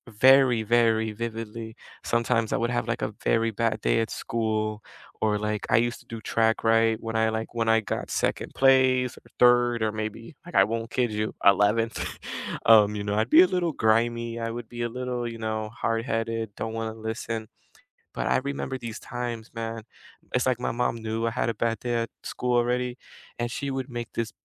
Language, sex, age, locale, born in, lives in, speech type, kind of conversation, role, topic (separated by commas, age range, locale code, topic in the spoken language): English, male, 20-24, en-US, United States, United States, conversation, unstructured, none, What comfort meal do you love, and what memory is attached to it?